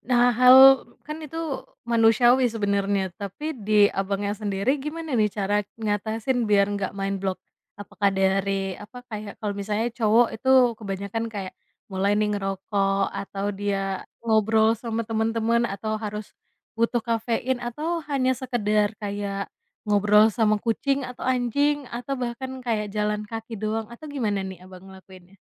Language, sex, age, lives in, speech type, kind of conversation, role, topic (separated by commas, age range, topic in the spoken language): Indonesian, female, 25-29, Indonesia, conversation, podcast, host, Pernahkah kamu mengatasi kebuntuan dengan jalan kaki atau melakukan kegiatan lain, dan bagaimana ceritanya?